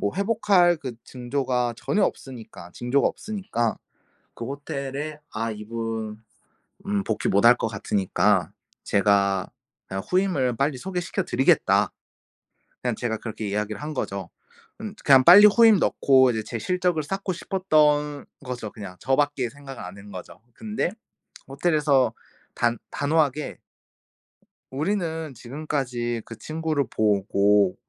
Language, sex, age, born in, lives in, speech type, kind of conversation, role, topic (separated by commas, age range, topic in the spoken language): Korean, male, 25-29, South Korea, Japan, conversation, podcast, guest, 인생에서 가장 크게 배운 교훈은 무엇인가요?